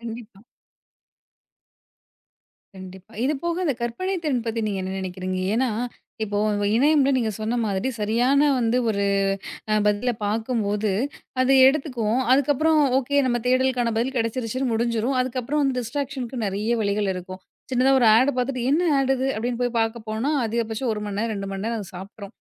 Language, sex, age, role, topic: Tamil, female, 30-34, host, இணையம் வந்ததும் உங்கள் பாரம்பரிய கற்றல் முறைகள் எப்படிப் மாற்றமடைந்தன?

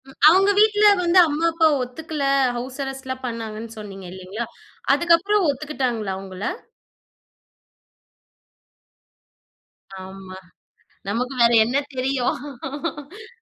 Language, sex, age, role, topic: Tamil, female, 25-29, host, தூர உறவில் காதலைப் பாதுகாப்பதற்கான சிறந்த வழி எது?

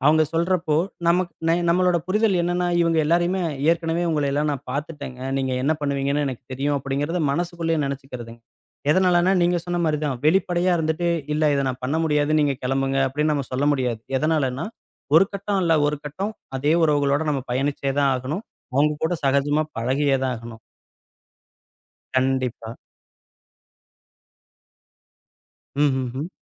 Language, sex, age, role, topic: Tamil, male, 35-39, guest, சுயமரியாதை முன்னுரிமையா, உறவுகள் முன்னுரிமையா?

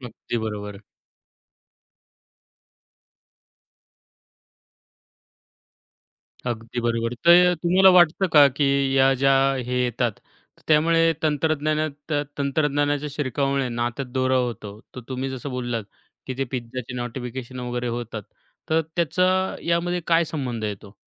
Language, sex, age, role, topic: Marathi, male, 25-29, host, तंत्रज्ञानामुळे नात्यांमध्ये दुरावा वाढतो का?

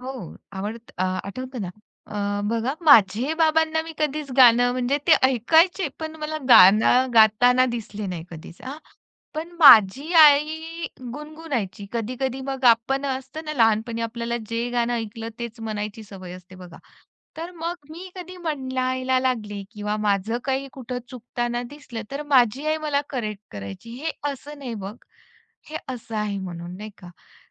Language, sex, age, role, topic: Marathi, female, 35-39, guest, तुझ्या संगीताच्या आवडीत घरच्या संस्कारांचा किती वाटा आहे?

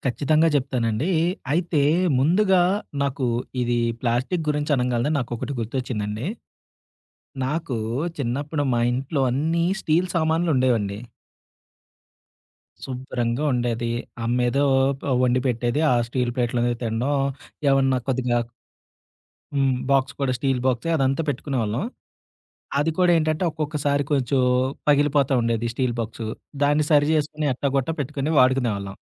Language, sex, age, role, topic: Telugu, male, 30-34, guest, రోజువారీ జీవితంలో ప్లాస్టిక్ వినియోగాన్ని ఎలా తగ్గించుకోవచ్చు?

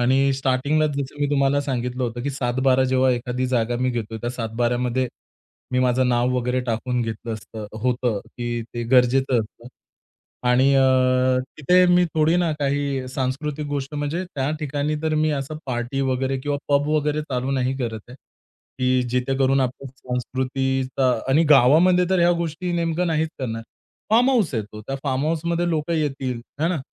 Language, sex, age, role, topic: Marathi, male, 30-34, guest, तुम्हाला पुढील पाच वर्षांत काय साध्य करायचे आहे?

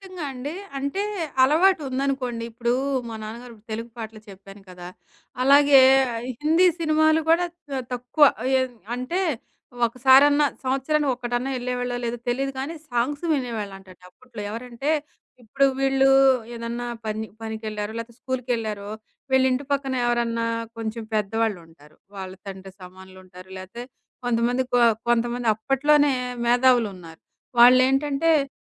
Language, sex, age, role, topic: Telugu, female, 40-44, guest, ఒక పాట వినగానే మీకు పాత జ్ఞాపకాలు వెంటనే గుర్తుకొస్తాయా?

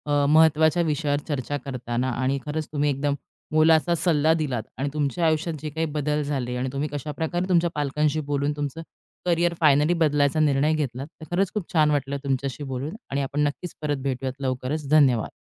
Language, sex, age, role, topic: Marathi, female, 30-34, host, करिअर बदलण्याचा निर्णय घेतल्यावर पालकांना ते कसे समजावून सांगाल?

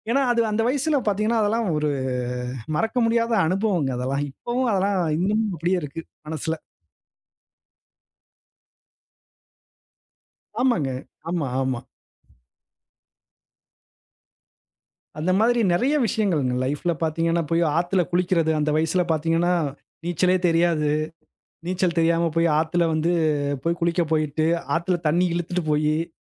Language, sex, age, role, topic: Tamil, male, 35-39, guest, உங்களுக்கு மிகவும் பிடித்த பருவம் எது, ஏன் பிடிக்கிறது?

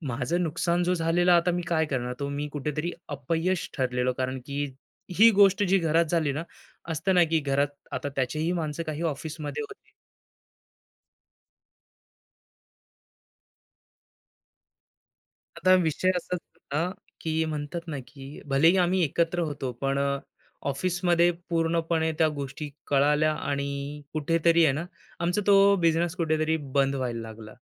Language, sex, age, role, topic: Marathi, male, 25-29, guest, तुमच्या आयुष्यातील सर्वात मोठ्या अपयशातून तुम्ही काय शिकलात?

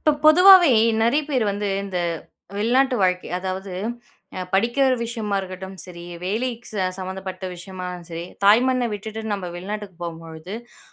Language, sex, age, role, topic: Tamil, female, 20-24, host, வெளிநாட்டில் வாழத் தொடங்கிய பிறகு, வீட்டிலும் சமூகத்திலும் இருக்கும் பழமையான கருத்துகளை நீங்கள் எப்படி கையாளுகிறீர்கள்?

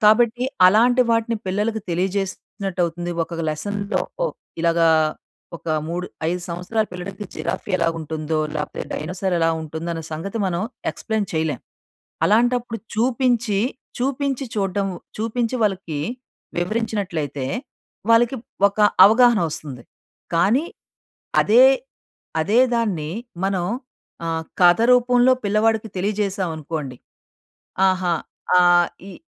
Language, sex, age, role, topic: Telugu, female, 40-44, guest, ఫోన్‌ను ఎక్కువసేపు వాడే అలవాటు పిల్లలపై ఎలాంటి ప్రభావం చూపుతుందని మీరు భావిస్తారు?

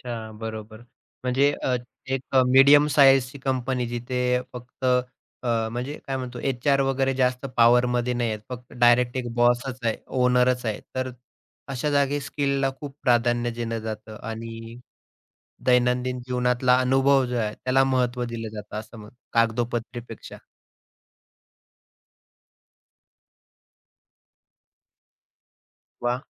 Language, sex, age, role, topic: Marathi, male, 30-34, host, स्वतः शिकताना सर्वात मोठी अडचण काय आली?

